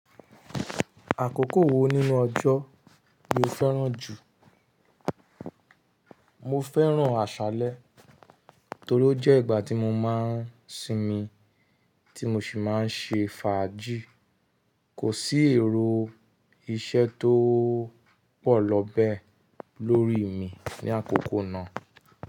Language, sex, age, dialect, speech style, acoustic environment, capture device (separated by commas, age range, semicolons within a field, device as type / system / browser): Yoruba, male, 25-34, Nigeria - Nigerian Standard, happy; relaxed; excited; focused, nature; silence; people talking, mobile / Linux / Chrome